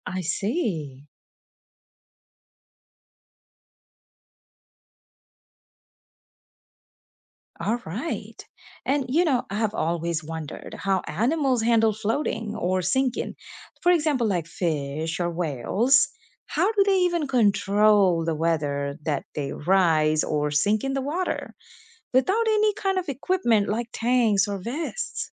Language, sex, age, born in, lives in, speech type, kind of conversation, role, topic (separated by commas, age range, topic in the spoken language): English, female, 35-39, India, United States, read, expert-assistant, asker, What determines whether something floats or sinks in water?